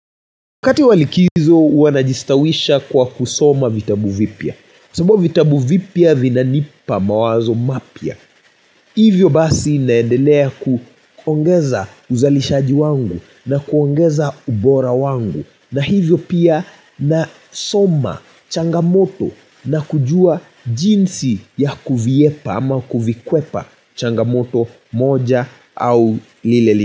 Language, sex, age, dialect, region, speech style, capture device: Swahili, male, 25-34, Kenya - Nairobi Sheng-influenced, Kenya, anxious, mobile / Linux / Chrome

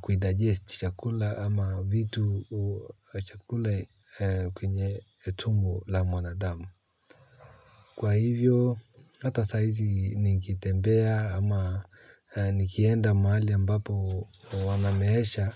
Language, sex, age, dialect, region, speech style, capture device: Swahili, male, 35-44, Kenya - Nairobi Sheng-influenced, Kenya, happy; relaxed, mobile / Linux / Chrome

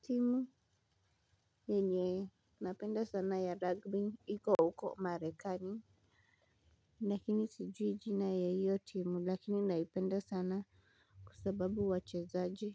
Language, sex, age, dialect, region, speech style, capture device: Swahili, male, 18-24, Kenya - Nairobi Sheng-influenced, Kenya, excited, mobile / Linux / Chrome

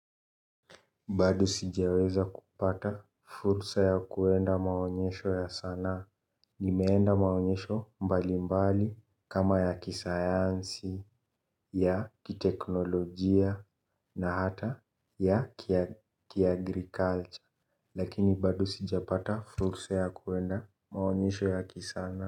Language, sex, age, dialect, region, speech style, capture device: Swahili, male, 18-24, Kenya - Nairobi Sheng-influenced, Kenya, relaxed, mobile / Linux / Chrome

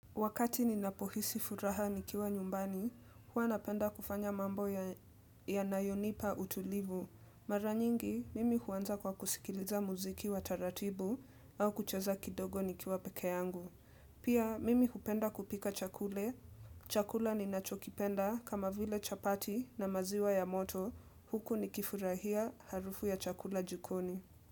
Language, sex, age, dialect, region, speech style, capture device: Swahili, female, 18-24, Kenya - Nairobi Sheng-influenced, Kenya, relaxed, desktop / Windows / Edge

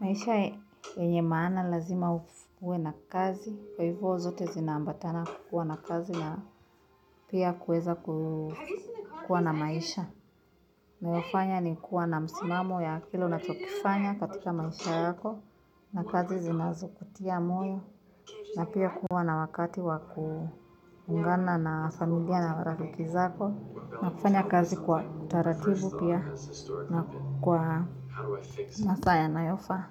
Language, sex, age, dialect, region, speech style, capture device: Swahili, female, 25-34, Kenya - Nairobi Sheng-influenced, Kenya, nervous; happy, mobile / Linux / Chrome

